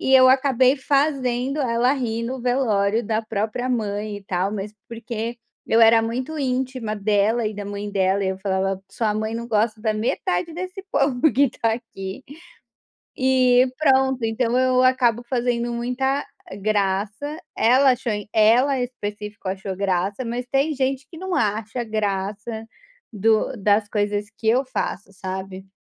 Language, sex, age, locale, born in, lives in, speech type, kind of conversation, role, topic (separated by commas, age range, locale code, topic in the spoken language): Portuguese, female, 35-39, pt-BR, Brazil, Portugal, conversation, advice, user, Como decido quando estabelecer limites e escolher quais batalhas vale a pena travar diante de críticas?